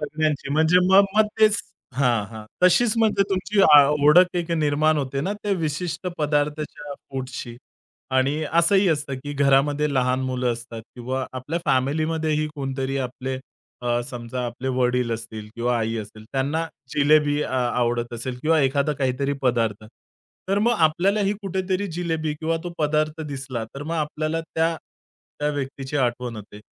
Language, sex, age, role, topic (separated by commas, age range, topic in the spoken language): Marathi, male, 30-34, host, स्ट्रीट फूडने तुमची ओळख कशी घडवलीय?